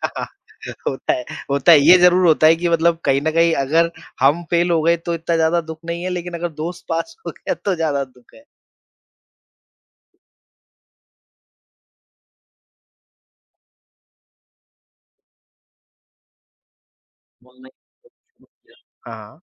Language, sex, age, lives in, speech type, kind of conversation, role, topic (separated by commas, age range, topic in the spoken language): Hindi, male, 30-34, India, conversation, podcast, host, Confidence badhane ke liye aap kaun-se practical tips denge?